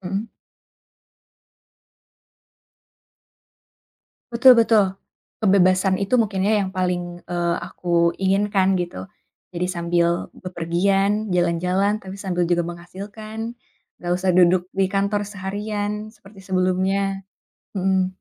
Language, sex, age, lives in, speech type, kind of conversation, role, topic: Indonesian, female, 25-29, Indonesia, conversation, podcast, guest, Apa ambisimu dalam lima atau sepuluh tahun ke depan?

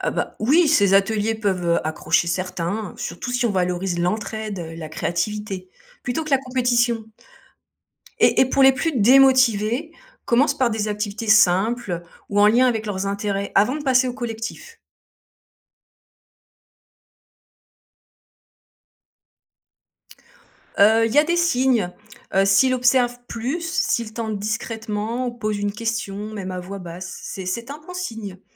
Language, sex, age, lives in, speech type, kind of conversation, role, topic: French, female, 50-54, France, read, expert-assistant, expert, Comment éviter que certains élèves décrochent du système scolaire, et quelles solutions marchent vraiment ?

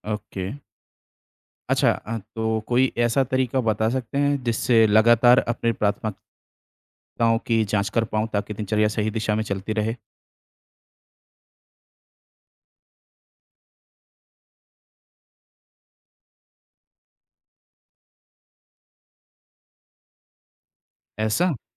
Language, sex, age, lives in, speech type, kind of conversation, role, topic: Hindi, male, 30-34, India, read, expert-assistant, asker, दैनिक दिनचर्या को व्यवस्थित कैसे करें?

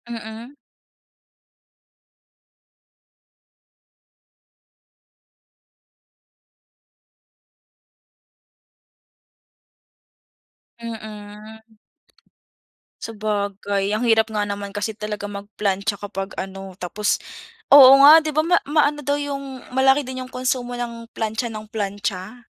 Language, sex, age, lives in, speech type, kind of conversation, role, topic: Filipino, female, 20-24, Philippines, conversation, podcast, host, Ano ang pinaka-praktikal na tip para makatipid sa kuryente?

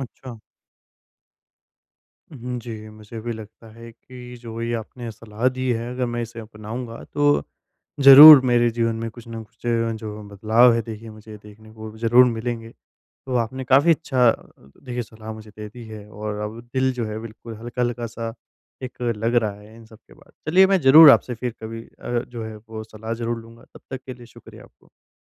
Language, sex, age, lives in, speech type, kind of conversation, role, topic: Hindi, female, 45-49, India, conversation, advice, user, मैं अपने डिजिटल जीवन को कम से कम और व्यवस्थित कैसे कर सकता/सकती हूँ?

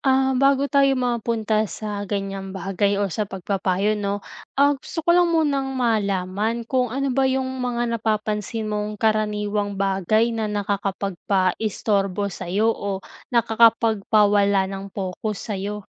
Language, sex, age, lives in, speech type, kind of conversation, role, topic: Filipino, female, 20-24, Philippines, conversation, advice, advisor, Paano ako mananatiling nakatuon sa gawain sa takdang oras?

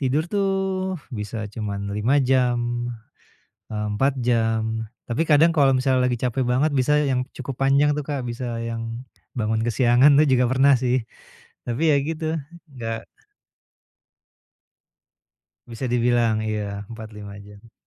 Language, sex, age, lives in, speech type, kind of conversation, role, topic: Indonesian, male, 45-49, Indonesia, conversation, advice, user, Bagaimana caranya rileks setelah hari kerja yang panjang?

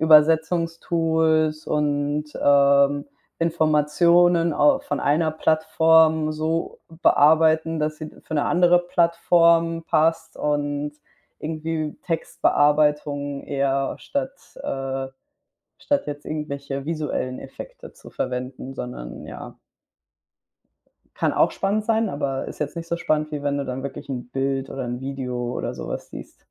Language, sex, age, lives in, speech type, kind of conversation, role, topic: German, female, 25-29, Spain, conversation, unstructured, none, Was denkst du über künstliche Intelligenz?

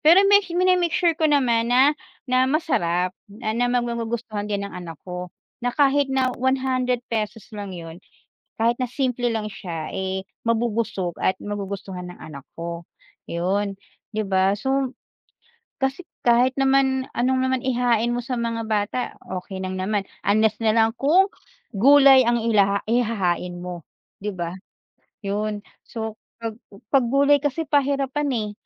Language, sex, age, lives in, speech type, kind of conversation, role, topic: Filipino, female, 40-44, Philippines, conversation, unstructured, none, Paano ka naghahanda ng isang simpleng ulam para sa pamilya?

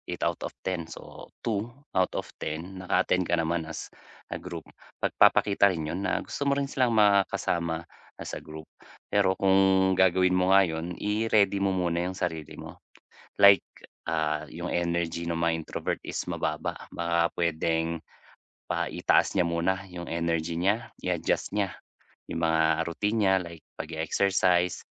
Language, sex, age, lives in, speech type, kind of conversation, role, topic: Filipino, male, 35-39, Philippines, conversation, advice, advisor, Paano ko mababalanse ang pakikisama at oras para sa sarili?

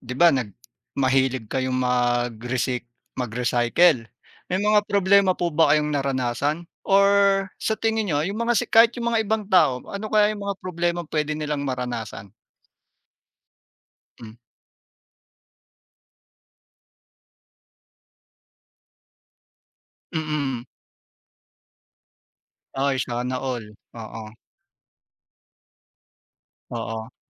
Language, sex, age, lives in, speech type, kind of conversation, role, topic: Filipino, male, 40-44, Philippines, conversation, unstructured, none, Paano makatutulong ang pagre-recycle sa pagbabawas ng basura?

